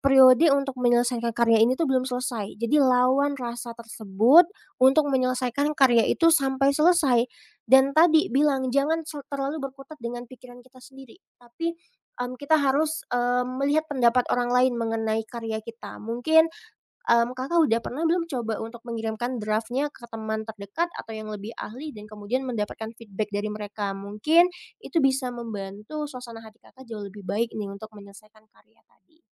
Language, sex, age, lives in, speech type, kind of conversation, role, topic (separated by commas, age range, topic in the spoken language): Indonesian, female, 25-29, Indonesia, conversation, advice, advisor, Bagaimana perfeksionisme membuat Anda sulit memulai atau menyelesaikan karya?